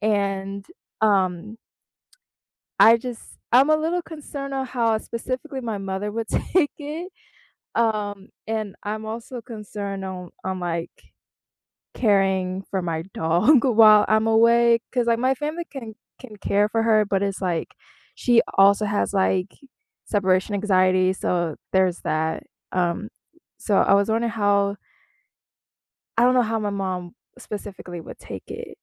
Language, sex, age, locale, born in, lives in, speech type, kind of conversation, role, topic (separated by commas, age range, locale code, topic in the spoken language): English, female, 20-24, en-US, United States, United States, conversation, advice, user, How can I share good news with my family in a meaningful and considerate way?